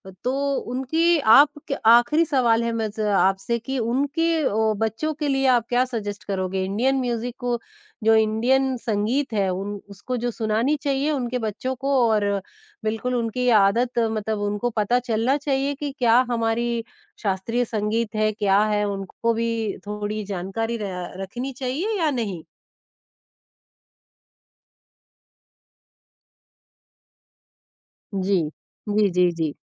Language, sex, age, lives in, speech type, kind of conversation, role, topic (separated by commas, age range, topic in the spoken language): Hindi, male, 50-54, India, conversation, podcast, host, क्या आपकी संगीत पसंद आपकी सांस्कृतिक जड़ों से जुड़ी है?